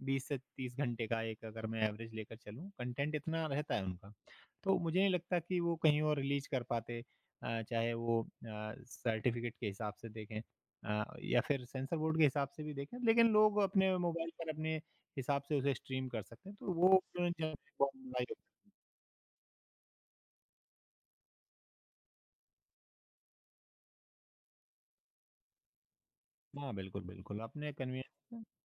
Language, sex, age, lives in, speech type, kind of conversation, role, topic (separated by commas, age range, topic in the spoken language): Hindi, male, 35-39, India, conversation, podcast, guest, स्ट्रीमिंग ने मनोरंजन की प्राथमिकता कैसे बदल दी है?